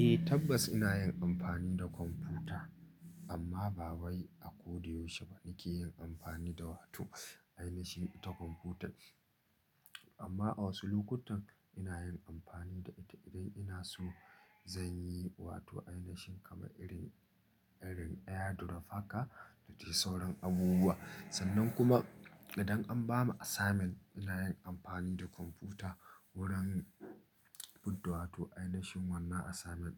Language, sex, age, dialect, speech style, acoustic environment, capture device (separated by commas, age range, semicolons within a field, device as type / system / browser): Hausa, male, 25-34, Nigeria - Nigerian Standard, happy, silence, mobile / Linux / Chrome